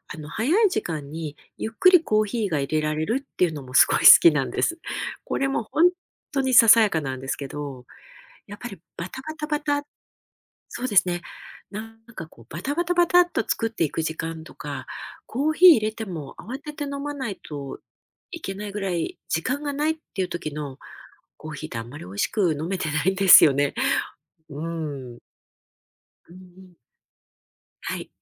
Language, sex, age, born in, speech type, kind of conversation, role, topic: Japanese, female, 50-54, Japan, conversation, podcast, guest, 朝のちょっとした習慣の中で、毎朝楽しみにしていることは何ですか?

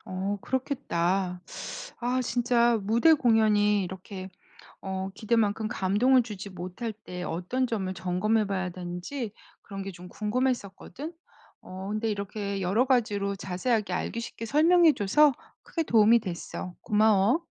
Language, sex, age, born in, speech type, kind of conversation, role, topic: Korean, female, 50-54, South Korea, read, expert-assistant, asker, 무대 공연이 기대만큼 감동을 주지 못할 때, 어떤 점을 점검해봐야 할까요?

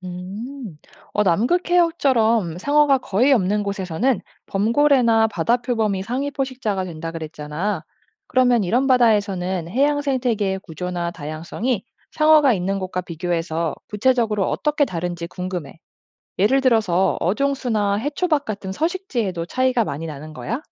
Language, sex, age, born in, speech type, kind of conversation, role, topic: Korean, female, 35-39, South Korea, read, expert-assistant, asker, 상어가 없는 바다는 어떻게 달라질까요?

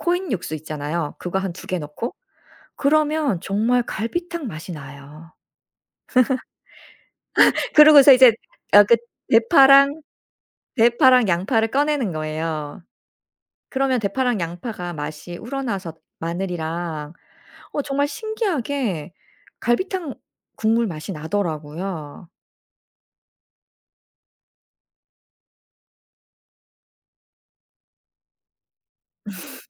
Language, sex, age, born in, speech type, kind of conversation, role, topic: Korean, female, 45-49, South Korea, conversation, podcast, guest, 플랫폼 알고리즘이 우리의 취향 형성에 얼마나 영향을 미치나요?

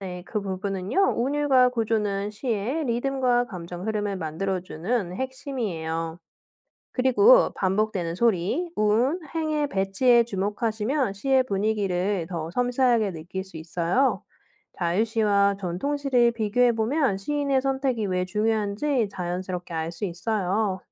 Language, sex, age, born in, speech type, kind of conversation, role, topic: Korean, female, 30-34, South Korea, read, expert-assistant, expert, 시를 즐길 때 더 깊이 느끼려면 어떤 방식으로 읽어보는 게 좋을까요?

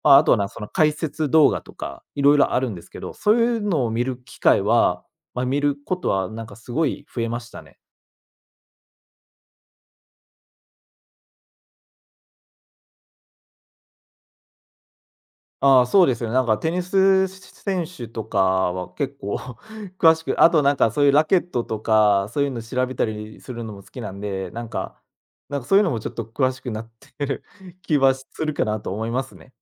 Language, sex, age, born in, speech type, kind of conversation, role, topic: Japanese, male, 25-29, Japan, conversation, podcast, guest, 趣味を再開してから、心や体にはどんな変化がありましたか？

